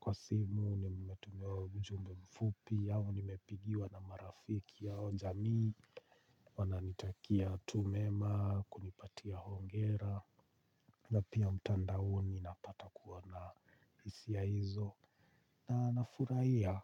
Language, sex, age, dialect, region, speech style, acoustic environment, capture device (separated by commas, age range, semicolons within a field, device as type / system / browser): Swahili, male, 45-54, Kenya - Nairobi Sheng-influenced, Kenya, relaxed, silence, mobile / Linux / Chrome